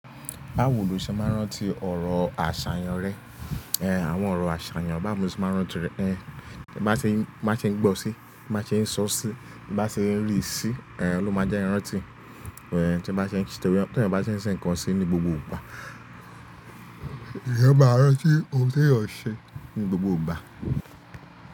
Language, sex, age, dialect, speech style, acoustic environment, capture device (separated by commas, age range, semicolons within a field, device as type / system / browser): Yoruba, male, 25-34, Nigeria - Lagos Yoruba, relaxed, appliances, mobile / Linux / Chrome